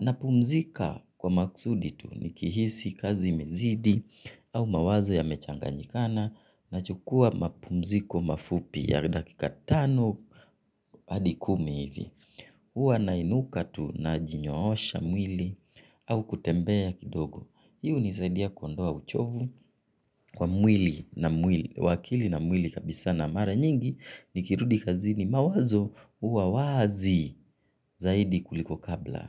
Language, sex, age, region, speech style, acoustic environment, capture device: Swahili, male, 25-34, Kenya, excited, silence, mobile / Linux / Chrome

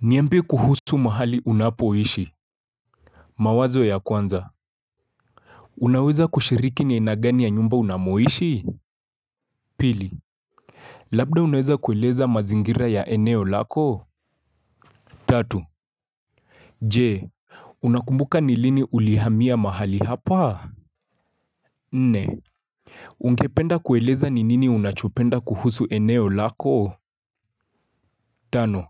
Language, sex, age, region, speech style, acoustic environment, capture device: Swahili, male, 25-34, Kenya, focused, silence, mobile / Linux / Chrome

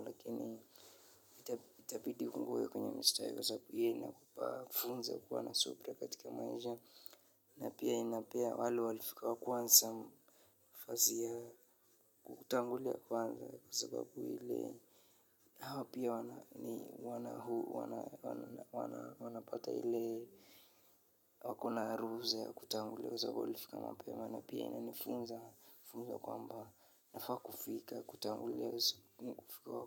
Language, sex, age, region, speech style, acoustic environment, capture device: Swahili, male, 25-34, Kenya, relaxed, silence, mobile / Linux / Chrome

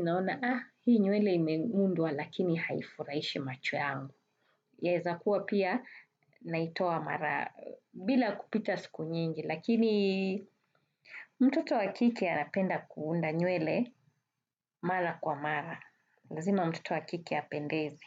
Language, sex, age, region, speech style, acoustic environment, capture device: Swahili, female, 35-44, Kenya, relaxed, nature; traffic; people talking, desktop / Windows / Chrome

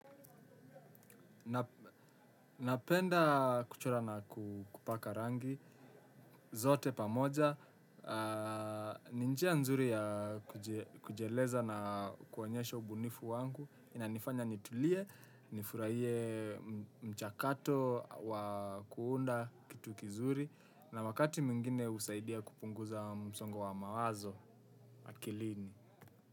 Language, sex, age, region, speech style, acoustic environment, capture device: Swahili, male, 18-24, Kenya, relaxed; happy; focused, silence, desktop / Windows / Chrome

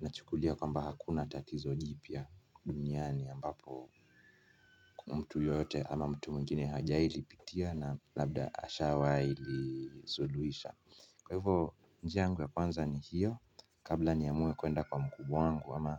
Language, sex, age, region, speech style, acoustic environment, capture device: Swahili, male, 25-34, Kenya, nervous; anxious; excited, silence, mobile / Linux / Chrome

